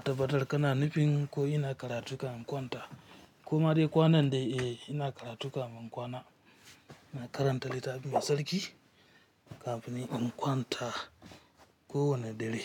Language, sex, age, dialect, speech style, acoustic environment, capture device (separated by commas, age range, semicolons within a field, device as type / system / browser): Hausa, female, 25-34, Nigeria - Kano, happy; focused; relaxed, silence, mobile / Linux / Chrome